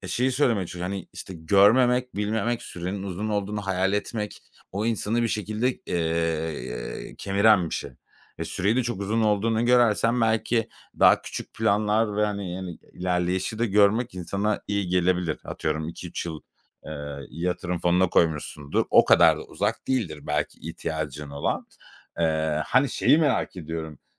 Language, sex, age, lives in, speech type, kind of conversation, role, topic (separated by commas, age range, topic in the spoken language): Turkish, male, 35-39, Spain, conversation, advice, user, Emeklilik için birikim yapamadığınız için geleceğinizle ilgili ne tür kaygılar yaşıyorsunuz?